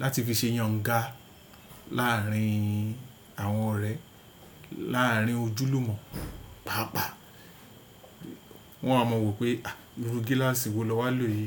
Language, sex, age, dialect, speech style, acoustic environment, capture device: Yoruba, male, 45-54, Nigeria - Lagos Yoruba, happy; focused; relaxed, silence; people talking, desktop / Windows / Chrome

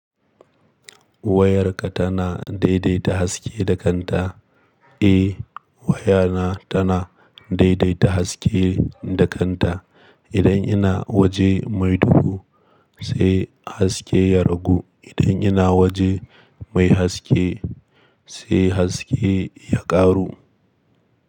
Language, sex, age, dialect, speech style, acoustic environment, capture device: Hausa, male, 25-34, Nigeria - Kano, happy, nature; silence; appliances, mobile / Linux / Chrome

